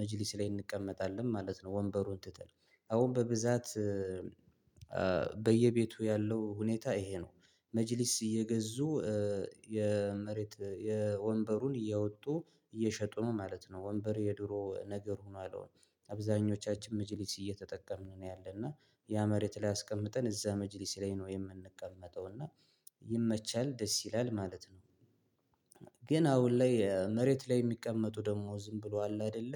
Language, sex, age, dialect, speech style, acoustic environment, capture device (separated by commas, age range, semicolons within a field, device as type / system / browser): Amharic, male, 25-34, Ethiopia - Gondar, focused, silence, mobile / Linux / Chrome